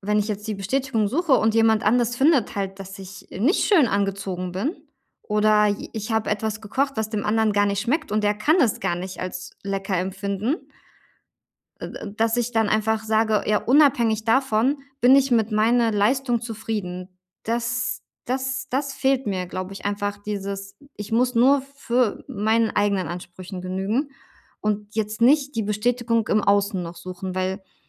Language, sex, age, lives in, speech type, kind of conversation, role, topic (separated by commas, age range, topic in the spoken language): German, female, 35-39, Germany, conversation, advice, user, Wie kann ich meinen Selbstwert unabhängig vom Lob anderer stabilisieren?